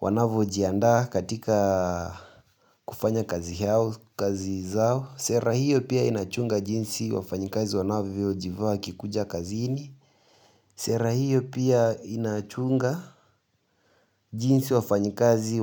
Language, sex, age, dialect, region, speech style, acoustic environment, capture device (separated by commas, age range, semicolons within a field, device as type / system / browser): Swahili, male, 18-24, Kenya - Nairobi Sheng-influenced, Kenya, nervous, silence, mobile / Linux / Chrome